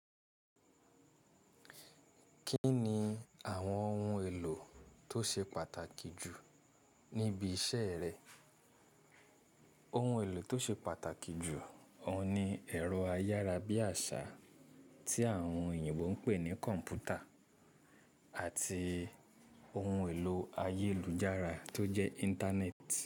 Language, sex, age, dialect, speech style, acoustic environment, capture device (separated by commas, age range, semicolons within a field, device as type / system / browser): Yoruba, male, 25-34, Nigeria - Ibadan, relaxed, nature; silence, mobile / Linux / Chrome